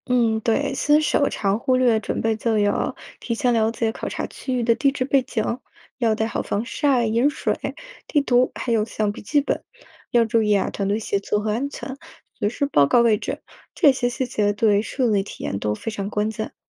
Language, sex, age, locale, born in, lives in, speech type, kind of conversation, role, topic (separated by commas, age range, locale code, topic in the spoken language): Chinese, female, 30-34, zh-CN, China, United States, read, expert-assistant, expert, 如果我对地质学感兴趣，应该从哪些基本知识或技能开始学习，才能逐步深入？